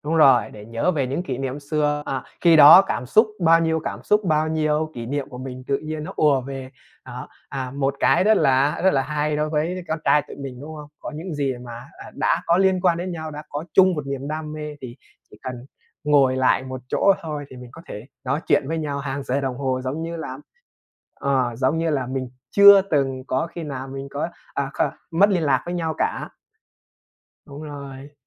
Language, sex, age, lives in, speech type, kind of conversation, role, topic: Vietnamese, male, 25-29, Vietnam, conversation, unstructured, none, Trò chơi điện tử nào bạn muốn giới thiệu cho bạn bè, và vì sao?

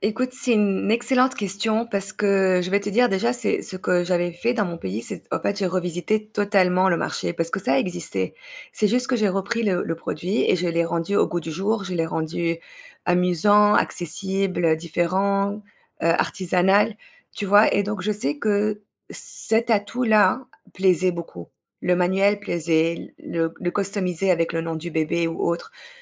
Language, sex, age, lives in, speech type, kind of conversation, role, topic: French, female, 35-39, Spain, conversation, advice, user, Comment puis-je savoir si mon idée répond à un vrai besoin et si le marché est suffisamment grand ?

